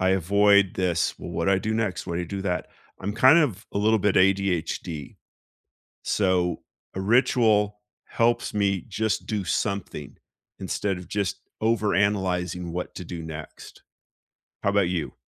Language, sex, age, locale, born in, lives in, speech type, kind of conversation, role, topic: English, male, 55-59, en-US, United States, United States, conversation, unstructured, none, What small ritual makes your morning feel right for you?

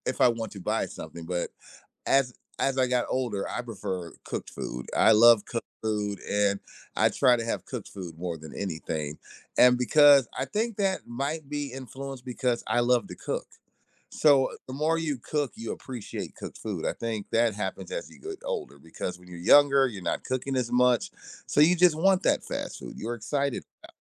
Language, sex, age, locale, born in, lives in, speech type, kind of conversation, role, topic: English, male, 40-44, en-US, United States, United States, conversation, podcast, host, Why do certain foods bring us comfort and emotional connection?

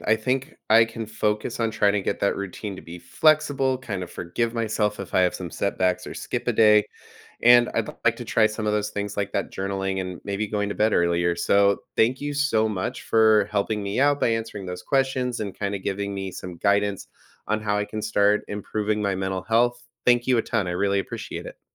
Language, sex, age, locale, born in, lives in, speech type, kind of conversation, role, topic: English, male, 30-34, en-US, United States, United States, read, expert-assistant, asker, How does a daily routine improve mental health?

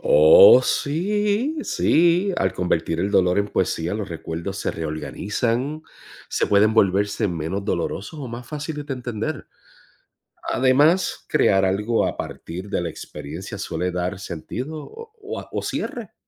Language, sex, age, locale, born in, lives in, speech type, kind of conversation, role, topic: Spanish, male, 45-49, es-US, Puerto Rico, United States, read, expert-assistant, expert, ¿Cómo ayuda el arte, especialmente la poesía, a aliviar y comprender el dolor emocional?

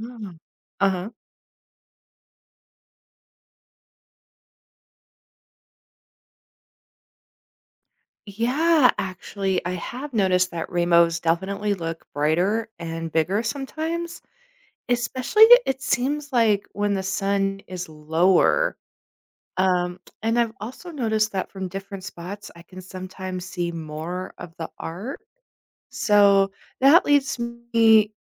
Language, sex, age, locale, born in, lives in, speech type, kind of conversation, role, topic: English, female, 40-44, en-US, United States, United States, read, expert-assistant, asker, What causes rainbows to appear in the sky?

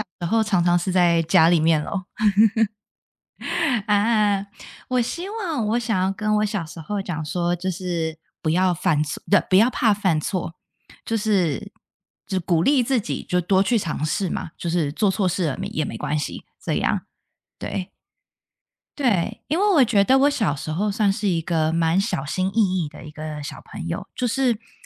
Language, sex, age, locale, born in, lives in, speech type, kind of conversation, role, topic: Chinese, female, 30-34, zh-TW, Taiwan, United States, conversation, unstructured, none, 如果可以回到过去，你会对小时候的自己说些什么？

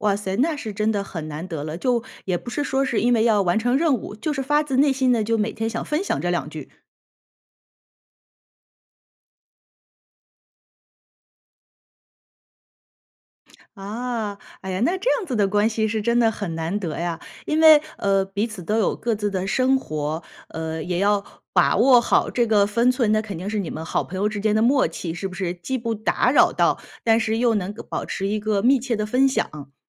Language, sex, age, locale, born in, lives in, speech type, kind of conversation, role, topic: Chinese, female, 35-39, zh-CN, China, United States, conversation, podcast, host, 你怎样才能交到真心朋友？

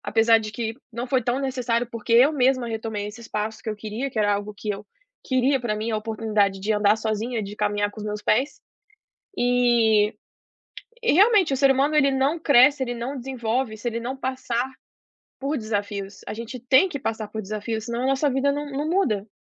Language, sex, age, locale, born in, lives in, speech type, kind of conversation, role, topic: Portuguese, female, 25-29, pt-BR, Brazil, United States, conversation, podcast, guest, Como você lida com cobranças e expectativas familiares?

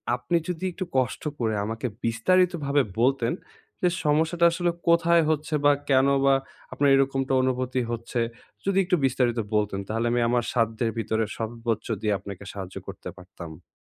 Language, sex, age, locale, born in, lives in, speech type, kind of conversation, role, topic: Bengali, male, 20-24, bn-BD, Bangladesh, Bangladesh, conversation, advice, advisor, ছুটি উপভোগ করতে করতে কীভাবে সময় ভালোভাবে পরিচালনা করব?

